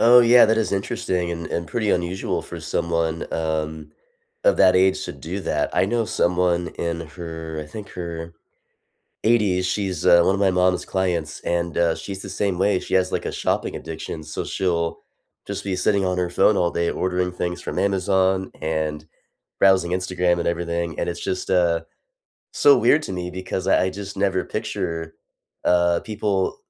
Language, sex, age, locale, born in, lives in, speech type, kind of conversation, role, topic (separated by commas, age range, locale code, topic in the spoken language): English, male, 30-34, en-US, United States, United States, conversation, unstructured, none, What relaxing rituals help you unwind after a long day, and which of them could we share?